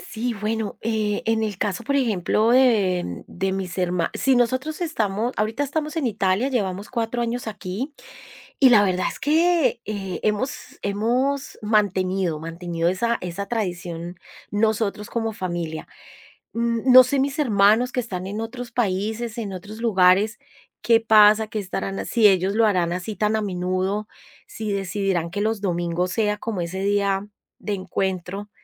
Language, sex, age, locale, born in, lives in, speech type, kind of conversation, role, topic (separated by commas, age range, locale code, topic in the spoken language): Spanish, female, 45-49, es-CO, Colombia, Italy, conversation, podcast, guest, ¿Qué tradiciones te gustaría transmitir a la próxima generación?